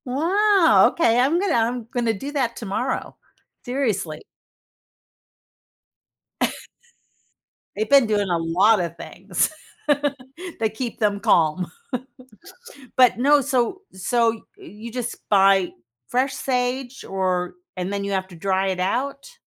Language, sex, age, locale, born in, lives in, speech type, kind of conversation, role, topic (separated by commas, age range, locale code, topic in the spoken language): English, female, 60-64, en-US, United States, United States, conversation, podcast, host, What strategies or habits have you found most effective for managing stress in your daily life?